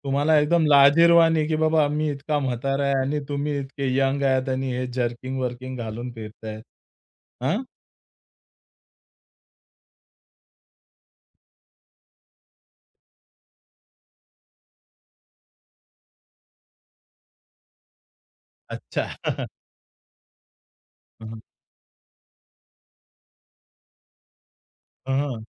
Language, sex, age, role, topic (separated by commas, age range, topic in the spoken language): Marathi, male, 30-34, host, प्रवासात तुम्ही कधी एखाद्या अनोळखी व्यक्तीला जेवायला बोलावलं आहे का, आणि तो अनुभव कसा पार पडला?